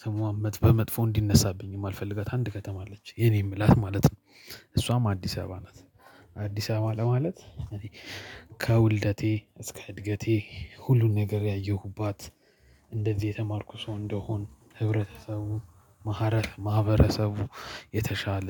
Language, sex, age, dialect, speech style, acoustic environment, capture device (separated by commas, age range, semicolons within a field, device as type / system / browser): Amharic, male, 25-34, Ethiopia - Addis Ababa, happy, silence, mobile / Linux / Chrome